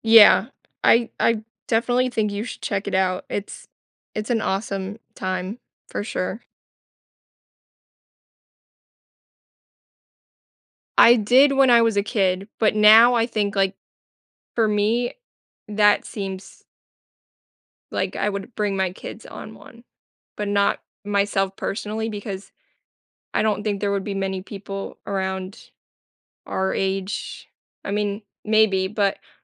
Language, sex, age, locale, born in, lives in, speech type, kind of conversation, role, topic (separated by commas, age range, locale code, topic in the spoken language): English, female, 25-29, en-US, United States, United States, conversation, podcast, guest, What makes a vacation spot truly memorable for you?